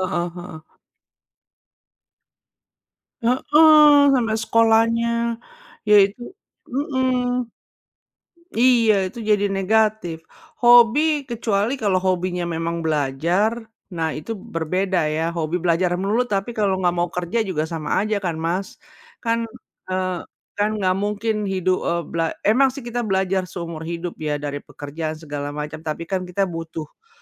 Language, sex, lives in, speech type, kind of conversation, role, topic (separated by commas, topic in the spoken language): Indonesian, female, United States, conversation, unstructured, none, Mengapa orang sering meremehkan hobi yang tidak mereka sukai?